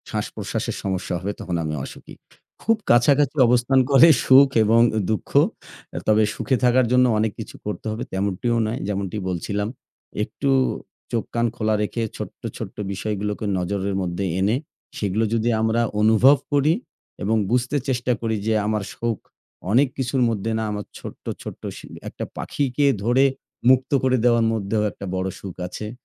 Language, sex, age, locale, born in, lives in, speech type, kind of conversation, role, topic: Bengali, male, 25-29, bn-BD, Bangladesh, Bangladesh, conversation, unstructured, none, দৈনন্দিন জীবনে সুখী থাকার জন্য আপনি কী করেন?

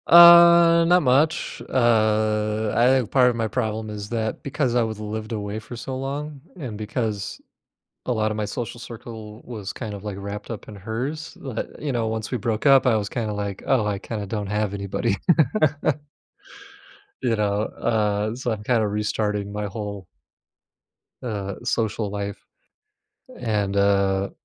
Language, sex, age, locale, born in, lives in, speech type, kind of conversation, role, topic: English, male, 35-39, en-US, United States, United States, conversation, advice, user, How can I regain a sense of direction and purpose after a breakup?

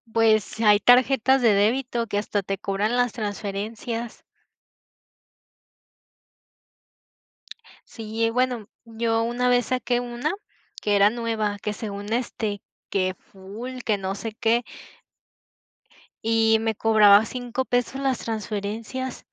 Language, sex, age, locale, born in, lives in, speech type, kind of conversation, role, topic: Spanish, female, 20-24, es-MX, Mexico, Mexico, conversation, unstructured, none, ¿Cómo decides en qué gastar tu dinero cada mes?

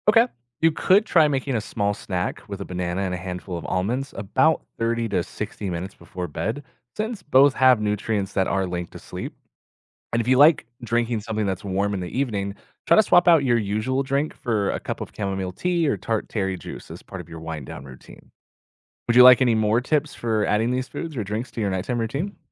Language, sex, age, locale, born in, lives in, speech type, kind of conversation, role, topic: English, male, 30-34, en-US, United States, United States, read, expert-assistant, expert, How can you build good habits for getting enough sleep each night?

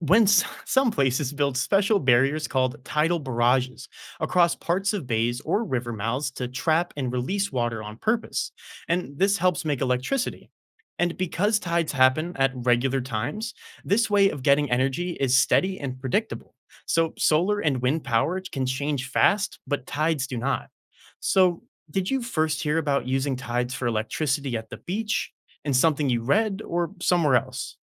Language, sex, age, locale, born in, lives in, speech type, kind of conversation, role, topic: English, male, 20-24, en-US, United States, United States, read, expert-assistant, expert, How do tides work and affect coastal areas?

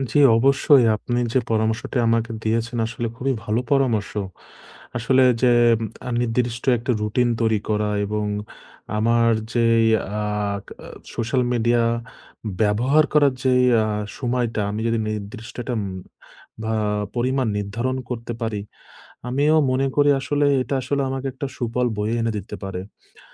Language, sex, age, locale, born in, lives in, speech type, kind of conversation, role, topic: Bengali, male, 25-29, bn-BD, Bangladesh, Bangladesh, conversation, advice, user, আমি কীভাবে ছোট তৃপ্তি ত্যাগ করে দীর্ঘমেয়াদী লক্ষ্য ধরে রাখতে পারি?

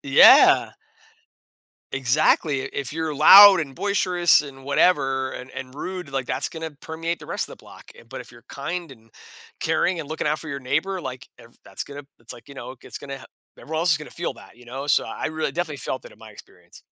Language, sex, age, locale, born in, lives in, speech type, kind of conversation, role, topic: English, male, 40-44, en-US, United States, United States, conversation, podcast, guest, Why do small gestures of kindness matter in building stronger communities?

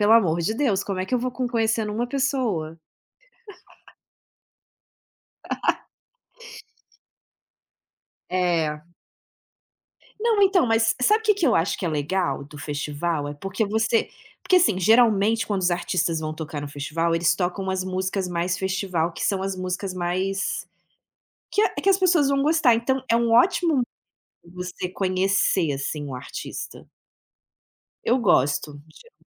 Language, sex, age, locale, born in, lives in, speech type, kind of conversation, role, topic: Portuguese, female, 35-39, pt-BR, Brazil, Italy, conversation, unstructured, none, Você acha que a música pode mudar o humor das pessoas?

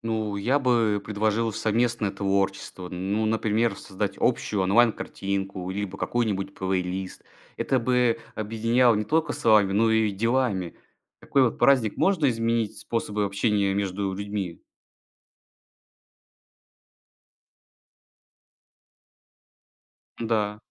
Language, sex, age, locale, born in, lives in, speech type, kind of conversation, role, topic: Russian, male, 20-24, ru-RU, Russia, Estonia, read, expert-assistant, asker, Почему в разных странах по-разному празднуют религиозные праздники и что это говорит о культуре этих народов?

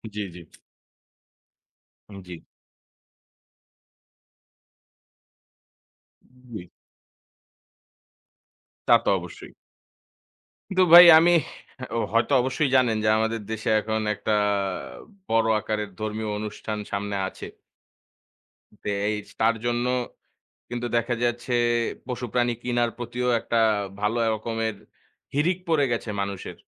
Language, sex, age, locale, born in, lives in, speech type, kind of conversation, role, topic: Bengali, male, 25-29, bn-BD, Bangladesh, Bangladesh, conversation, unstructured, none, টাকা সঞ্চয় করলে আপনার জীবনে কী পরিবর্তন আসে?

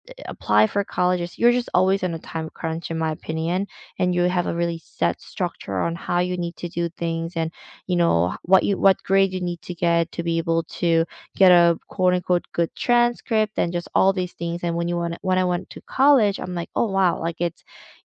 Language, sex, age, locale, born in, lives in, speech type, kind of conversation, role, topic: English, female, 30-34, en-CA, South Korea, Canada, conversation, unstructured, none, What is the most useful thing you actually learned in school?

